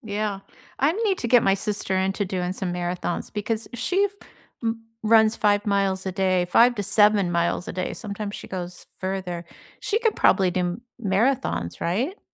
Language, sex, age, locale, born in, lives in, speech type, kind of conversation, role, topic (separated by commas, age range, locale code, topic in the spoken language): English, female, 65-69, en-US, United States, United States, conversation, unstructured, none, What’s your go-to way to unwind after a long day, and why does it help you?